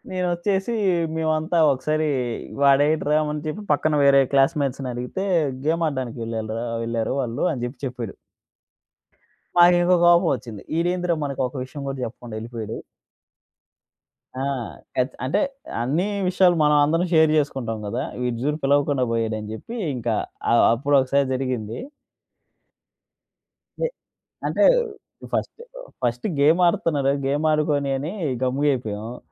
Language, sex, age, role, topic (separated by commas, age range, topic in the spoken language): Telugu, male, 25-29, guest, వివాదం తర్వాత సంబంధాన్ని మళ్లీ బాగుచేయడానికి మీరు మొదటగా ఏం చేస్తారు?